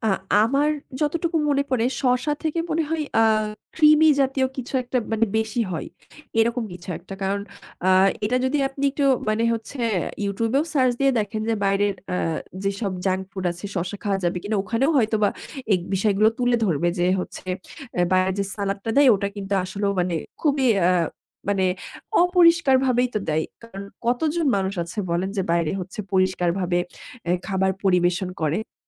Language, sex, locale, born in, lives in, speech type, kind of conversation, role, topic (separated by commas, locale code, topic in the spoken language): Bengali, female, bn-BD, Bangladesh, Bangladesh, conversation, podcast, guest, রেস্টুরেন্টের মুখরোচক কোনো খাবার বাড়িতে একইভাবে বানাতে চাইলে কী করা উচিত?